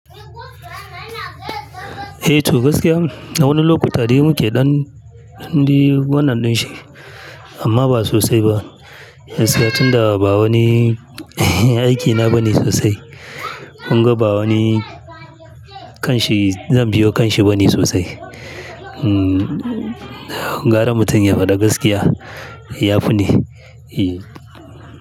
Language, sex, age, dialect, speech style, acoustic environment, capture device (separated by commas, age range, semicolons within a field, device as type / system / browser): Hausa, male, 35-44, Nigeria - Kano, happy, silence, mobile / Linux / Chrome